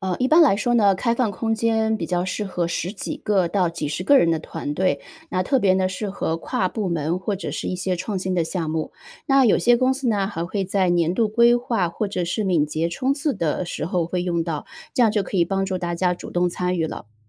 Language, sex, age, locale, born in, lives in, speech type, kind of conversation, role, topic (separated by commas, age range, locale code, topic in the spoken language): Chinese, female, 35-39, zh-CN, China, United States, read, expert-assistant, expert, 为什么有些国家总是合作得很好，而有些国家经常发生冲突？